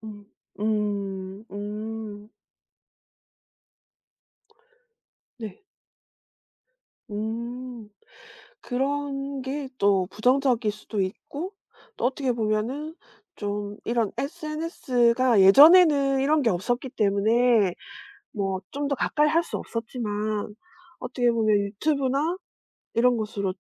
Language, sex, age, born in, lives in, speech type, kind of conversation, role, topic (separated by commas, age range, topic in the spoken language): Korean, female, 40-44, South Korea, South Korea, conversation, podcast, host, K-팝의 세계적 영향에 대해 어떻게 느끼시나요?